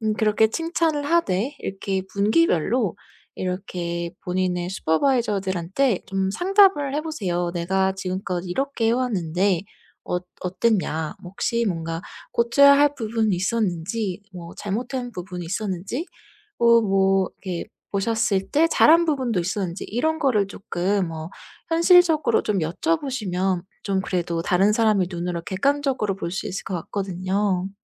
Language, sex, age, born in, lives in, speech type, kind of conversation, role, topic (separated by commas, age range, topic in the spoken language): Korean, female, 30-34, South Korea, United States, conversation, advice, advisor, 일이나 학교에서 스스로 자격이 없다고 느끼며 계속 불안하고 자신이 없을 때 어떻게 하면 좋을까요?